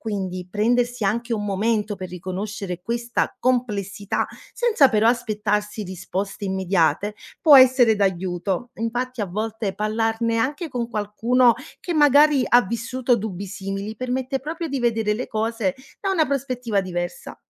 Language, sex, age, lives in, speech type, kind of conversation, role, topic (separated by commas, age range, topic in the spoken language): Italian, female, 30-34, Italy, read, expert-assistant, expert, Come si può discutere in modo equilibrato e sereno dei temi della globalizzazione?